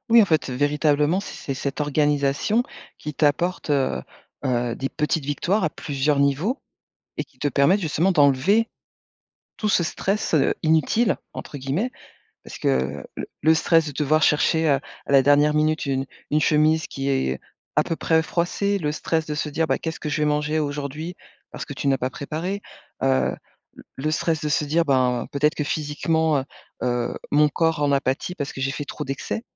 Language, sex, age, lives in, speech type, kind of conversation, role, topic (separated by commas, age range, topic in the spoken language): French, female, 45-49, France, conversation, podcast, host, Comment organises-tu tes week-ends pour récupérer ?